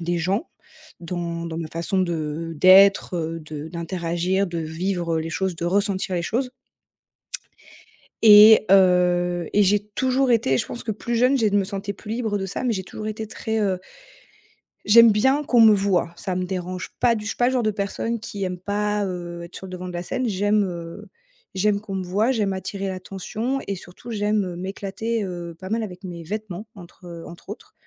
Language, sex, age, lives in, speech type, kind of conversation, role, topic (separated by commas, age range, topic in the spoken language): French, female, 30-34, France, conversation, advice, user, Comment rester moi-même quand la pression sociale me pousse à changer ?